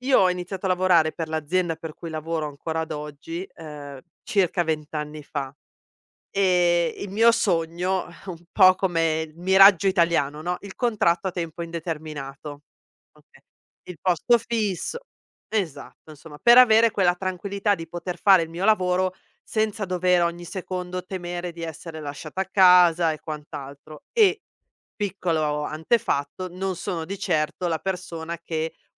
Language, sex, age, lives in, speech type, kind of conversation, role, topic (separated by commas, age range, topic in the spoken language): Italian, female, 40-44, Italy, conversation, podcast, guest, Come ti rialzi davvero dopo un fallimento?